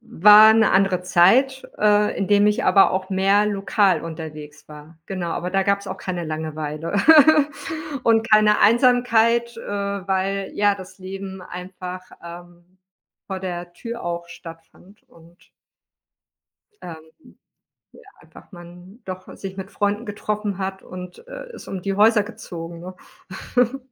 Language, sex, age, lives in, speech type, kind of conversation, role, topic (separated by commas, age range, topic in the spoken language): German, female, 40-44, Spain, conversation, podcast, guest, Wie gehst du unterwegs mit Einsamkeit um?